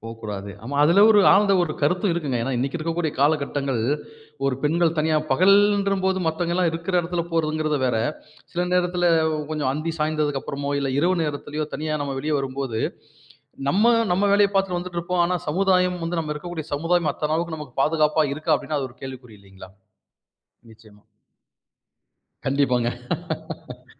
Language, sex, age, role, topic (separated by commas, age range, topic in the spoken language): Tamil, male, 40-44, host, பொதுமக்களுக்கு பிடிக்குமாறு நீ உன்னை மாற்றுகிறாயா?